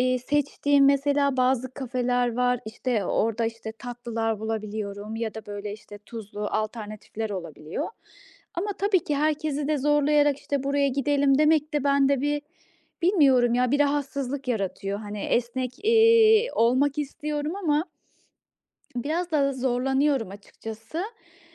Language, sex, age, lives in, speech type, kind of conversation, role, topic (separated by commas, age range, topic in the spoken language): Turkish, female, 35-39, Austria, conversation, advice, user, Beklenmedik değişikliklere nasıl daha esnek uyum sağlayabilirim?